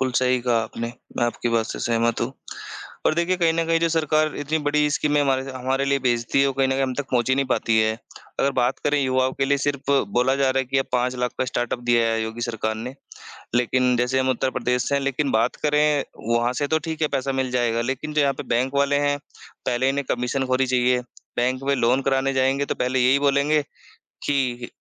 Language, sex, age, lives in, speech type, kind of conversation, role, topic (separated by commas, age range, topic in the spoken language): Hindi, male, 25-29, India, conversation, unstructured, none, राजनीति में भ्रष्टाचार के कारण आम लोगों को क्या नुकसान होता है?